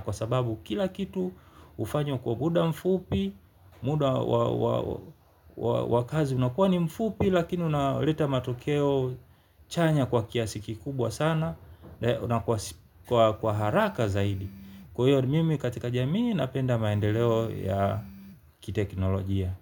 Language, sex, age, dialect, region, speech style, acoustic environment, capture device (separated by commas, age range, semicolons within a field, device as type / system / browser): Swahili, male, 35-44, Tanzania - Dar es Salaam, Tanzania, happy; relaxed; focused, nature; silence, mobile / Linux / Chrome